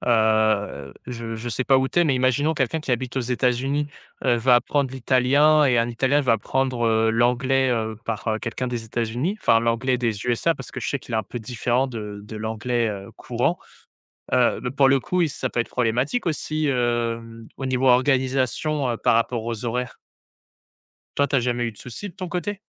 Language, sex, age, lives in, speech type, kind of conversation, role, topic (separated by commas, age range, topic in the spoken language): French, male, 20-24, France, conversation, podcast, host, Comment organises-tu ton temps pour apprendre en autonomie ?